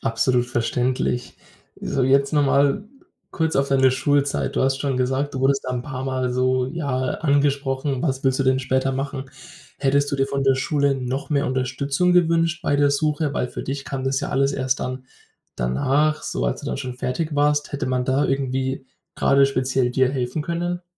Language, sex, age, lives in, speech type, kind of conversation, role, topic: German, male, 20-24, Germany, conversation, podcast, host, Wie findest du heraus, welcher Job wirklich zu dir passt?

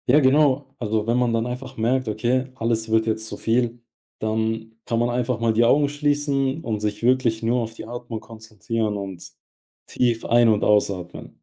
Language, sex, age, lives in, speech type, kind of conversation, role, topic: German, male, 20-24, Germany, conversation, podcast, guest, Wie gehst du mit Selbstzweifeln um, wenn sie hochkommen?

